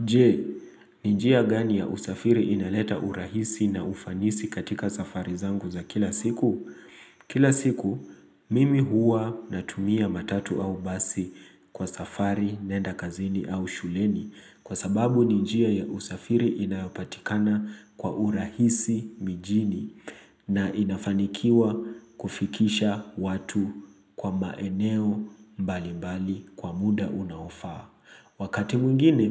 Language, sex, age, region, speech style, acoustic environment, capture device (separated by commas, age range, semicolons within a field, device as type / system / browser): Swahili, male, 25-34, Kenya, excited, nature, mobile / Linux / Chrome